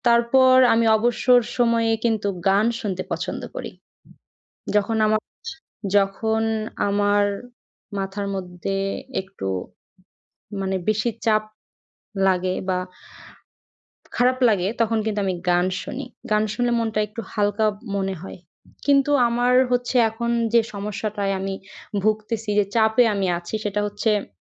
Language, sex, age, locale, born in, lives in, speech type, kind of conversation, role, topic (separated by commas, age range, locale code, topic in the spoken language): Bengali, female, 20-24, bn-BD, Bangladesh, Bangladesh, conversation, advice, user, চাপের মুহূর্তে আমি কীভাবে শান্ত থাকতে পারি এবং রাগ নিয়ন্ত্রণ করতে পারি?